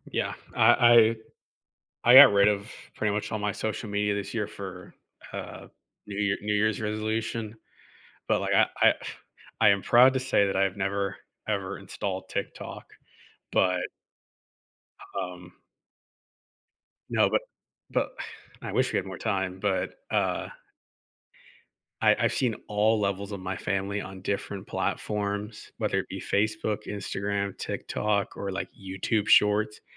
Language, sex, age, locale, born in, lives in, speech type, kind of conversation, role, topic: English, male, 20-24, en-US, United States, United States, conversation, unstructured, none, How has the rise of social media changed the way young people experience bullying?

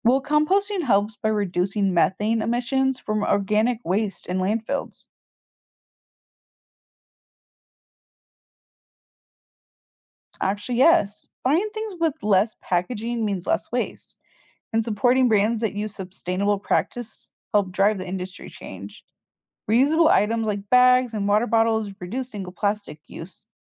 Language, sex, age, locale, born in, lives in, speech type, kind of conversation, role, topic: English, female, 20-24, en-US, United States, United States, read, expert-assistant, expert, What steps can we take to reduce our carbon footprint?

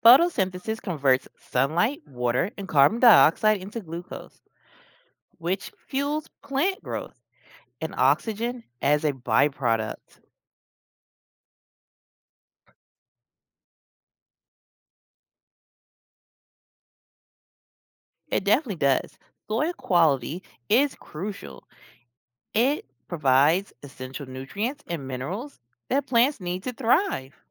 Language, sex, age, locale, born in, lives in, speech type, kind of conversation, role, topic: English, female, 40-44, en-US, United States, United States, read, expert-assistant, expert, What makes a plant grow faster?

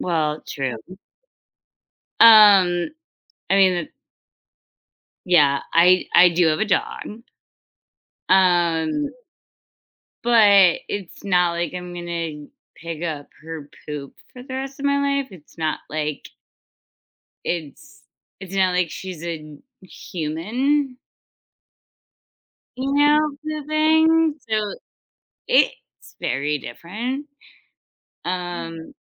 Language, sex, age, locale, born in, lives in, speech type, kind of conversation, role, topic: English, female, 30-34, en-US, United States, United States, conversation, unstructured, none, Which makes a better pet: a cat or a dog?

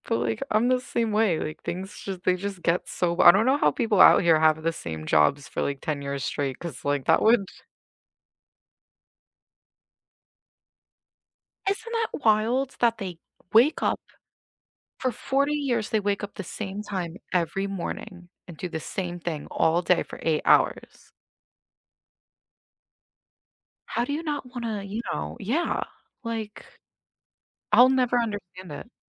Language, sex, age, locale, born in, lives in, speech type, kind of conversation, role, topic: English, female, 30-34, en-US, United States, United States, conversation, unstructured, none, How do you like to unwind after a long day?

